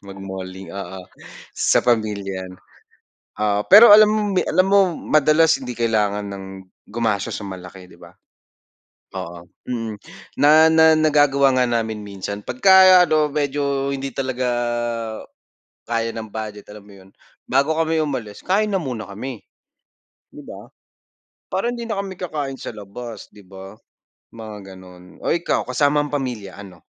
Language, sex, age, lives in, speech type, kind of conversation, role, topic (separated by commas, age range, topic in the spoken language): Filipino, male, 25-29, Philippines, conversation, unstructured, none, Ano ang pinakamasayang alaala mo habang ginagawa ang paborito mong libangan?